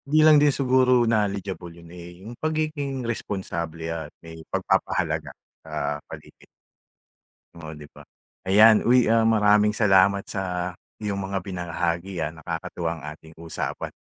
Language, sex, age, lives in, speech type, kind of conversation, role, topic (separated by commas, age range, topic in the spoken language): Filipino, male, 45-49, Philippines, conversation, podcast, host, Ano ang ginagawa mo para mabawasan ang paggamit ng plastik sa araw-araw?